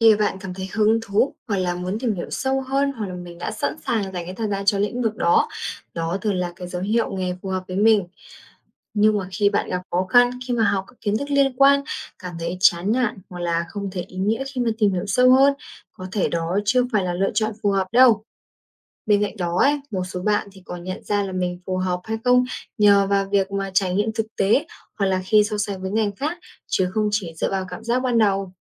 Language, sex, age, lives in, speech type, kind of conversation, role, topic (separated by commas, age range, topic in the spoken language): Vietnamese, female, 20-24, Vietnam, read, expert-assistant, expert, Vai trò của giáo viên trong việc định hướng nghề nghiệp cho học sinh